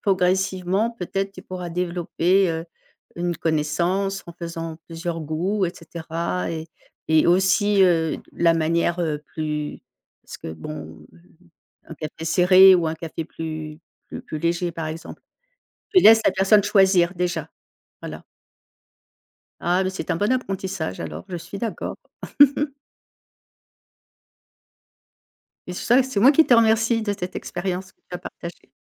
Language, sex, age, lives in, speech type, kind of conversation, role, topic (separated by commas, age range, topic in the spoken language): French, female, 55-59, France, conversation, podcast, host, Quel est ton rituel du matin autour du café ou du thé ?